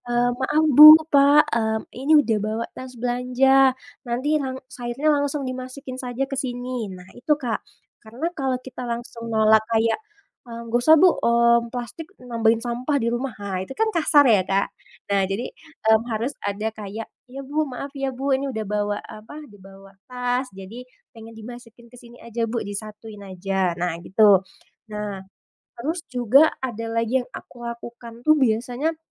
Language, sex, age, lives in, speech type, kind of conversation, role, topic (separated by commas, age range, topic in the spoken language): Indonesian, female, 25-29, Indonesia, conversation, podcast, guest, Bagaimana cara kamu mengurangi sampah sehari-hari di rumah?